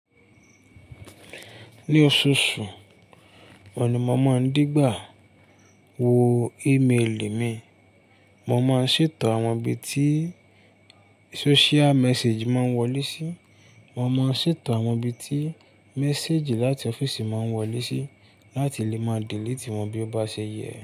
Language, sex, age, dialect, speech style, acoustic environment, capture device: Yoruba, male, 25-34, Nigeria - Ibadan, relaxed, silence, mobile / Linux / Chrome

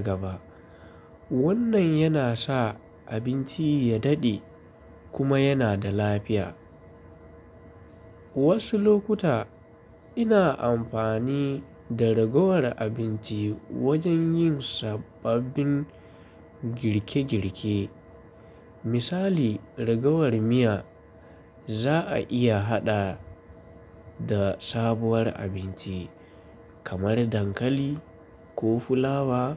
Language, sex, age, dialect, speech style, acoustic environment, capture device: Hausa, male, 25-34, Nigeria - Kano, happy; relaxed; focused, nature, desktop / Linux / Chrome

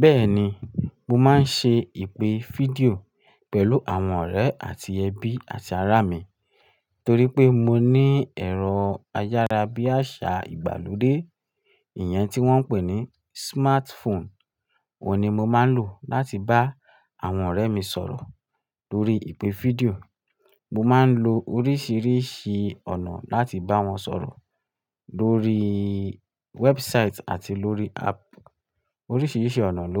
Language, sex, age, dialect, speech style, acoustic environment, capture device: Yoruba, male, 25-34, Nigeria - Lagos Yoruba, relaxed, nature, mobile / Linux / Chrome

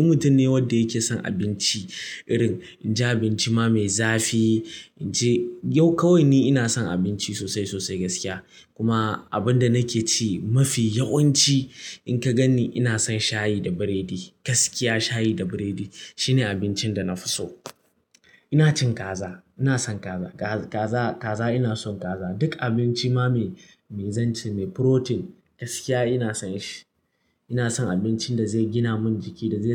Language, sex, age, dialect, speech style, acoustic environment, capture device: Hausa, male, 18-24, Nigeria - Kano, anxious, music, mobile / Linux / Chrome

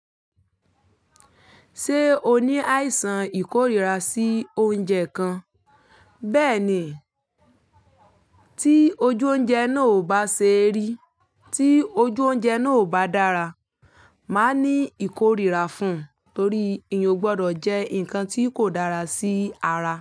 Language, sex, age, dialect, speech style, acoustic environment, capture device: Yoruba, female, 25-34, Nigeria - Ibadan, focused, nature, mobile / Linux / Chrome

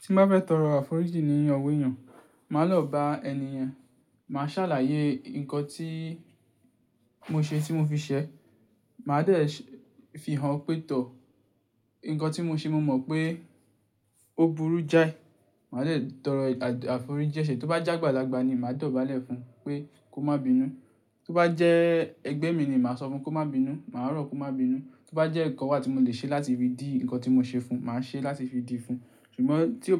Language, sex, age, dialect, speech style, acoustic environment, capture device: Yoruba, male, 18-24, Nigeria - Ibadan, stressed, silence, mobile / Linux / Chrome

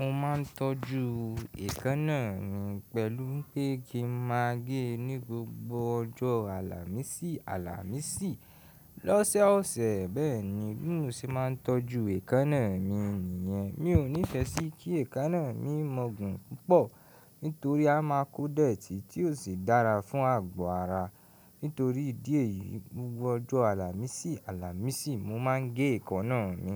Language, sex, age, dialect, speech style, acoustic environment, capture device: Yoruba, male, 18-24, Nigeria - Ibadan, happy, people talking, mobile / Linux / Chrome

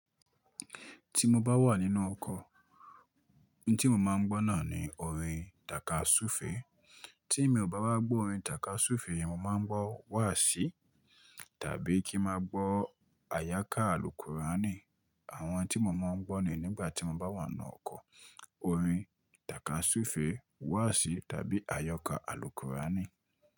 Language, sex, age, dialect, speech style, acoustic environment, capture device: Yoruba, male, 25-34, Nigeria - Ibadan, focused; relaxed, nature; silence, mobile / Linux / Chrome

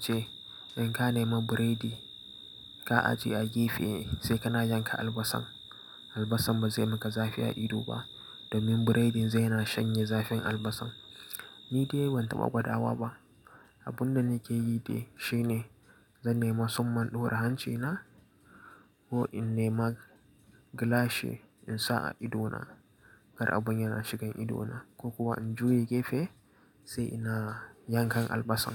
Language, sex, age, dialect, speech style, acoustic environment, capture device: Hausa, male, 18-24, Nigeria - Nigerian Standard, focused, appliances, mobile / Linux / Chrome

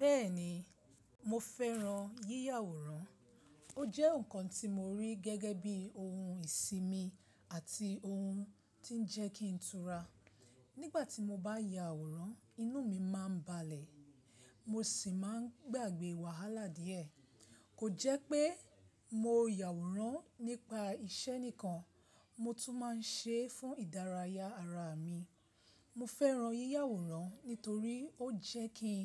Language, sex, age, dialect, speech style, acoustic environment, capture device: Yoruba, female, 25-34, Nigeria - Lagos Yoruba, excited, silence, mobile / Linux / Chrome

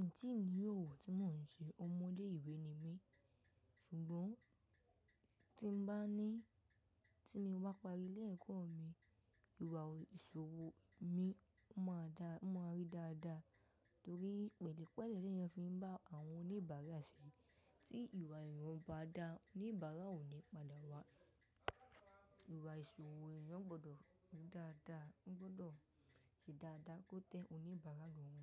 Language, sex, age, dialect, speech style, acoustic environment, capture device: Yoruba, female, 18-24, Nigeria - Ibadan, tired, nature, mobile / macOS / Safari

